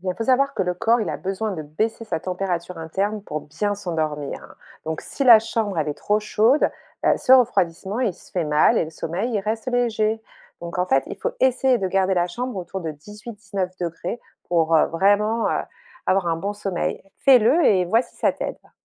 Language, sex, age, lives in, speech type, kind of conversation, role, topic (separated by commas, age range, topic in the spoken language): French, female, 45-49, France, read, expert-assistant, expert, Si on pouvait repenser la façon dont on dort, à quoi ressemblerait une nuit idéale pour être vraiment en forme ?